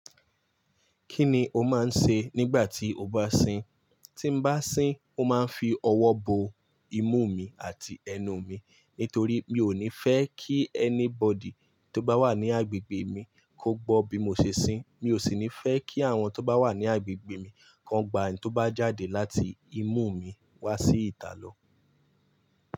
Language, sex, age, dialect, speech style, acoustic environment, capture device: Yoruba, male, 25-34, Nigeria - Lagos Yoruba, nervous, appliances; people talking; traffic, mobile / Linux / Chrome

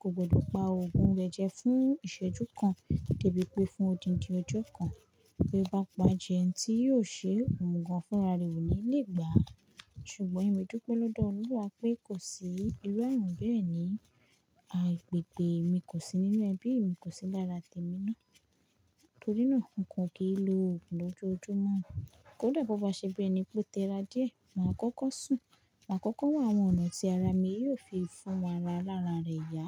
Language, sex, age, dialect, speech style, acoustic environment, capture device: Yoruba, female, 18-24, Nigeria - Lagos Yoruba, focused; stressed, construction; people talking, mobile / Linux / Chrome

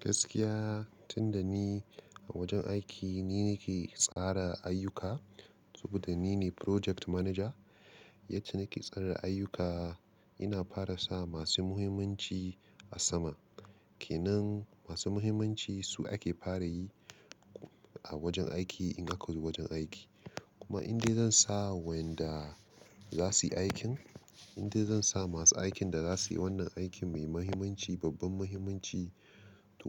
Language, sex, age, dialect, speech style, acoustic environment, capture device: Hausa, male, 25-34, Nigeria - Nigerian Standard, focused; excited; relaxed, silence, mobile / Linux / Chrome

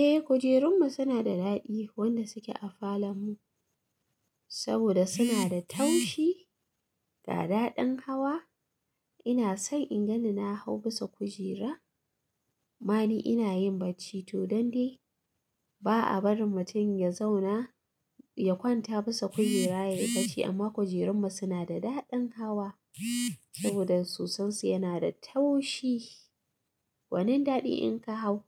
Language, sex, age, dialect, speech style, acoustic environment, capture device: Hausa, female, 25-34, Nigeria - Katsina, excited, silence, mobile / Linux / Chrome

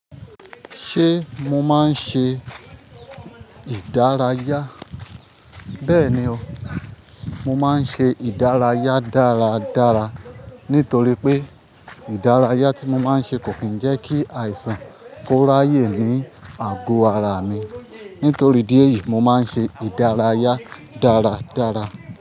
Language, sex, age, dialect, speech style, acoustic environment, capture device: Yoruba, male, 25-34, Nigeria - Ibadan, focused, people talking; nature, mobile / Linux / Chrome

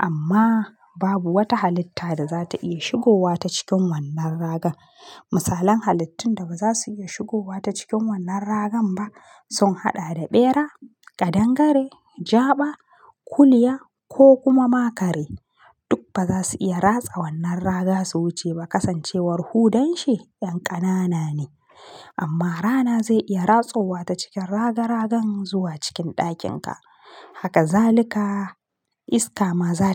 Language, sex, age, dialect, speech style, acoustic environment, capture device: Hausa, female, 25-34, Nigeria - Kano, relaxed, nature; silence, mobile / Linux / Chrome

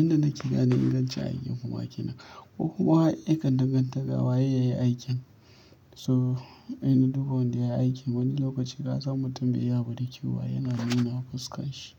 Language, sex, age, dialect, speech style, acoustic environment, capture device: Hausa, male, 25-34, Nigeria - Kano, relaxed, silence, mobile / Linux / Chrome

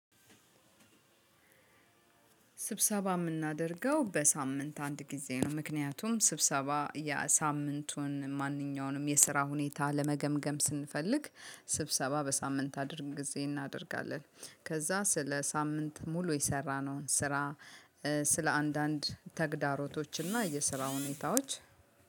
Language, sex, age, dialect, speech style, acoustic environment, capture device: Amharic, female, 35-44, Ethiopia - Addis Ababa, happy; relaxed; focused, silence, mobile / Linux / Chrome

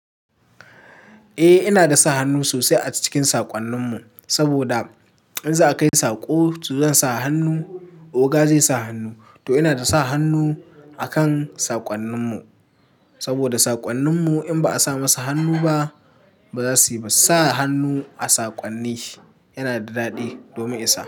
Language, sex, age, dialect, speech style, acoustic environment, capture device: Hausa, male, 18-24, Nigeria - Katsina, happy, people talking, mobile / Linux / Chrome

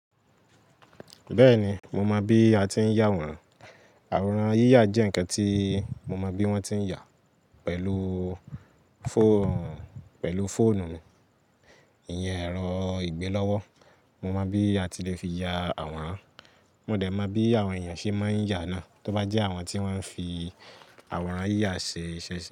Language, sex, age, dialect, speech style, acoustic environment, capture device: Yoruba, male, 18-24, Nigeria - Lagos Yoruba, focused; excited; happy, people talking; nature, mobile / Linux / Chrome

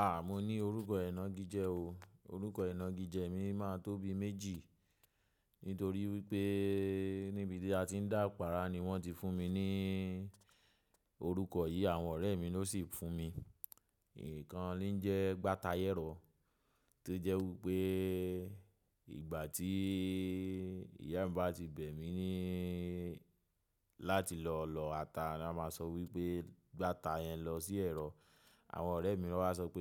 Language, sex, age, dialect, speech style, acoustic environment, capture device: Yoruba, male, 25-34, Nigeria - Lagos Yoruba, relaxed, nature, mobile / macOS / Safari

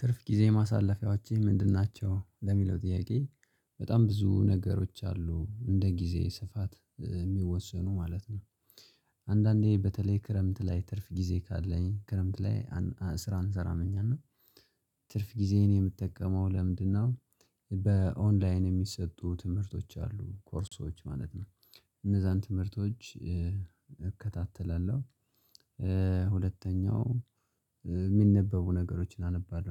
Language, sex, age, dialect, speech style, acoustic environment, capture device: Amharic, male, 25-34, Ethiopia - Gojjam, relaxed, nature, mobile / Linux / Chrome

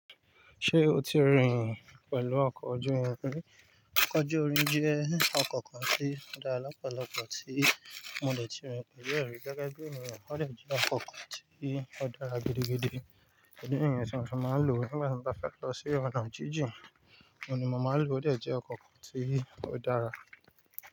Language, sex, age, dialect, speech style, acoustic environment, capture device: Yoruba, male, 35-44, Nigeria - Nigerian Standard, focused, nature, mobile / Linux / Chrome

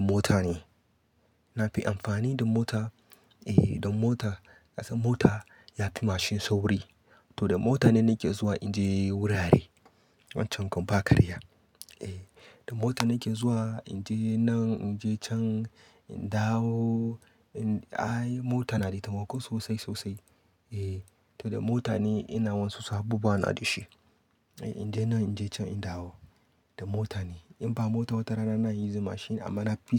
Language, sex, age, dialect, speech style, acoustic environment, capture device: Hausa, male, 25-34, Nigeria - Katsina, happy, nature, desktop / Linux / Chrome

